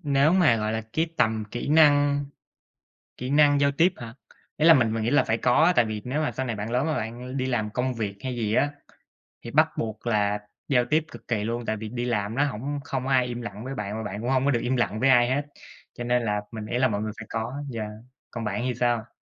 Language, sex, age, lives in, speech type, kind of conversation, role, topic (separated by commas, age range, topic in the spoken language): Vietnamese, male, 25-29, United States, conversation, unstructured, none, Bạn tiếp cận việc phát triển kỹ năng giao tiếp như thế nào?